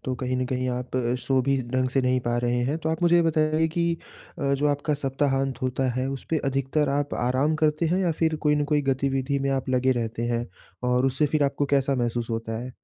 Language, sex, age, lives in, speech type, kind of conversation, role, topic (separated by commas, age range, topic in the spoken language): Hindi, male, 20-24, India, conversation, advice, advisor, मैं सप्ताहांत में अपनी ऊर्जा जल्दी कैसे बहाल कर सकता/सकती हूँ?